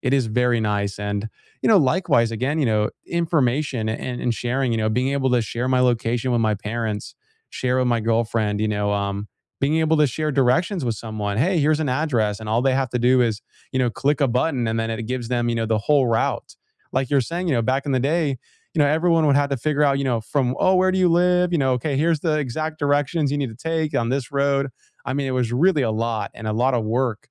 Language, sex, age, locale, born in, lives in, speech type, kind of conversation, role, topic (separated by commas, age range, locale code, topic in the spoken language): English, male, 20-24, en-US, United States, United States, conversation, unstructured, none, What is a simple tech tool or app that has genuinely improved your life?